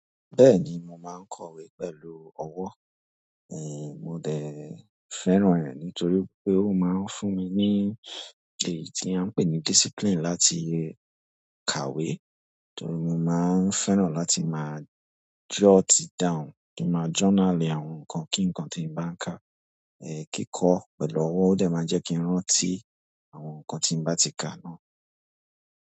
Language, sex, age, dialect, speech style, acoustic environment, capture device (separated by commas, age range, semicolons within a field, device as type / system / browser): Yoruba, male, 25-34, Nigeria - Lagos Yoruba, relaxed, nature, mobile / Android / Chrome